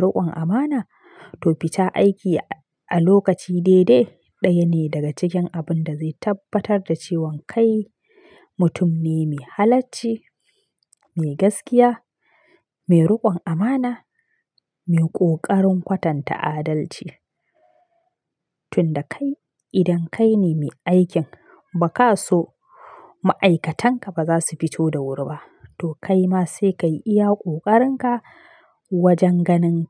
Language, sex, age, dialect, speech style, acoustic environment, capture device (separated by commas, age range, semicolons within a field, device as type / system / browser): Hausa, female, 25-34, Nigeria - Kano, happy, silence, mobile / Linux / Chrome